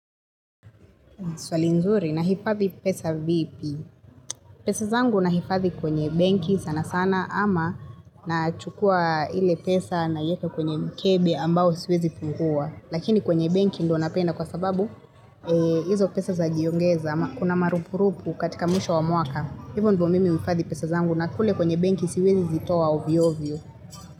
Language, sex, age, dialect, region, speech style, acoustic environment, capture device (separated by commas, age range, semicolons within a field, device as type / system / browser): Swahili, female, 25-34, Kenya - Nairobi Sheng-influenced, Kenya, relaxed, people talking; traffic; construction, mobile / Linux / Chrome